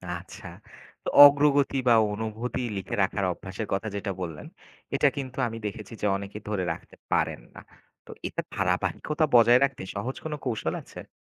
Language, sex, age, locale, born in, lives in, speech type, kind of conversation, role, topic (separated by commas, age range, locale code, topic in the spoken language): Bengali, male, 25-29, bn-BD, Bangladesh, Bangladesh, read, expert-assistant, asker, মানুষ কি কারণে অনেক সময় অসুখ প্রতিরোধের উপায়গুলো গুরুত্ব দেয় না?